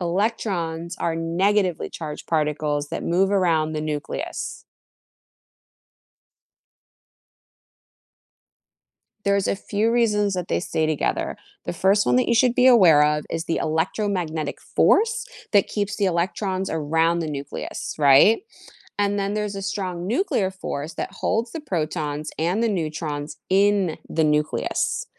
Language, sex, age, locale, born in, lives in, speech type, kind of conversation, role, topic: English, female, 40-44, en-US, United States, United States, read, expert-assistant, expert, What is the structure of an atom?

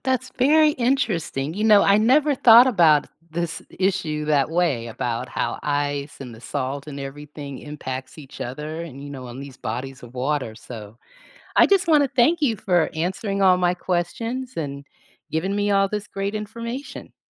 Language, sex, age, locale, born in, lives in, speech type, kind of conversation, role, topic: English, female, 55-59, en-US, United States, United States, read, expert-assistant, asker, Why does ice float on water instead of sinking?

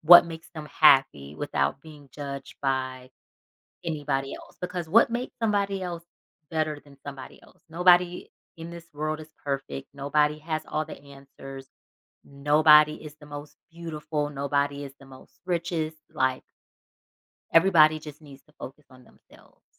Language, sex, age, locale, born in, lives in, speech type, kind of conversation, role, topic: English, female, 35-39, en-US, United States, United States, conversation, advice, advisor, How should I respond when I witness bullying?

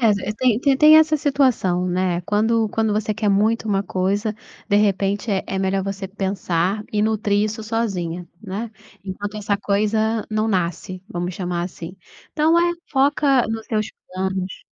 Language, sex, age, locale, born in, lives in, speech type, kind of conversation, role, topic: Portuguese, female, 35-39, pt-BR, Brazil, Portugal, conversation, advice, advisor, Como posso lidar com o medo de falhar ao transformar meus planos em ações?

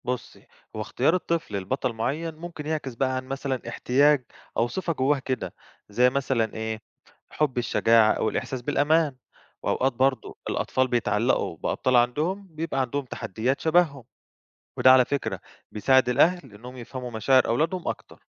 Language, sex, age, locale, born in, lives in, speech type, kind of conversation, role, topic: Arabic, male, 25-29, ar-EG, Egypt, Greece, read, expert-assistant, expert, ليه الناس بتحب تقرأ أو تكتب قصص عن أبطال خارقين أو عوالم خيالية، رغم إنها مش واقعية؟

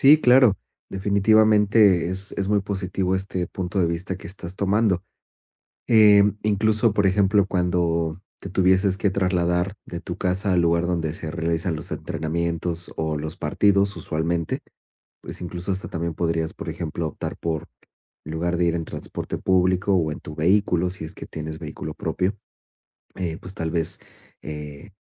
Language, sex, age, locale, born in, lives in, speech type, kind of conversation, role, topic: Spanish, male, 40-44, es-MX, Mexico, Spain, conversation, advice, advisor, ¿Cómo puedo mantener una rutina de ejercicio con el trabajo y las obligaciones familiares?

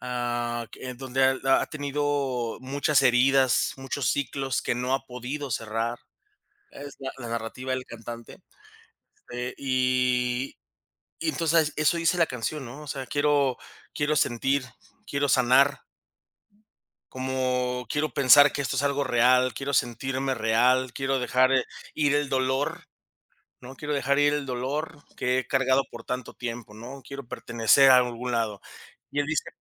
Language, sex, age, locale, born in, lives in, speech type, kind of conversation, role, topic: Spanish, male, 30-34, es-MX, Mexico, Mexico, conversation, unstructured, none, ¿Cuál es tu cantante favorito o tu banda favorita, y qué es lo que más te gusta de esa persona o de esa banda?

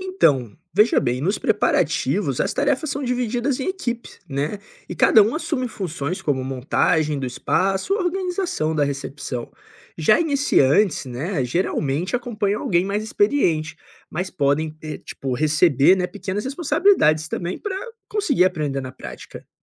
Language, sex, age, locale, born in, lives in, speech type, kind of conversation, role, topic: Portuguese, male, 25-29, pt-BR, Brazil, Portugal, read, expert-assistant, expert, Quais caminhos existem para quem quer aprender e se envolver mais com as artes populares?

